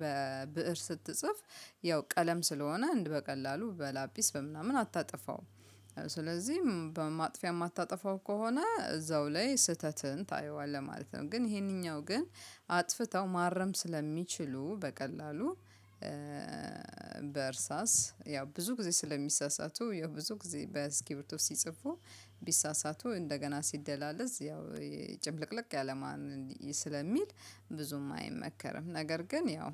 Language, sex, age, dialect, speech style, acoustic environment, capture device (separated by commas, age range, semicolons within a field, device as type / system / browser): Amharic, female, 35-44, Ethiopia - Addis Ababa, happy, nature, mobile / Linux / Chrome